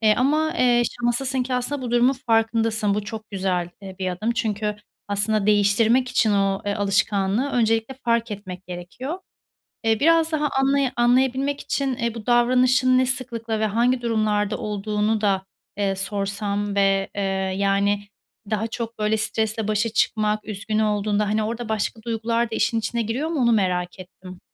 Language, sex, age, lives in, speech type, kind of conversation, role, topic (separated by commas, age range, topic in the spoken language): Turkish, female, 30-34, Spain, conversation, advice, advisor, Stresle başa çıkmak için yemek yemeye ya da bir şeyler içmeye yönelme alışkanlığınız hakkında neler anlatabilirsiniz?